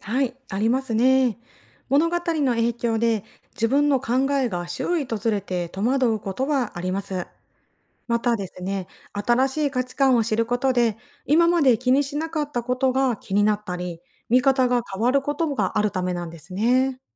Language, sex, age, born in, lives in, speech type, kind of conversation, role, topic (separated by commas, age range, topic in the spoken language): Japanese, female, 40-44, Japan, Japan, read, expert-assistant, expert, 海外の小説や物語を読むと、自分の考え方や感じ方にどんな変化があると思いますか？